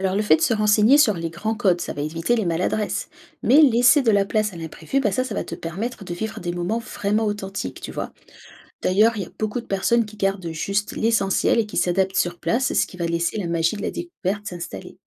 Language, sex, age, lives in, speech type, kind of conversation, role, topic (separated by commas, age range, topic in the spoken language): French, female, 30-34, France, read, expert-assistant, expert, Comment trouver le bon équilibre entre organisation et spontanéité pour préparer un voyage réussi ?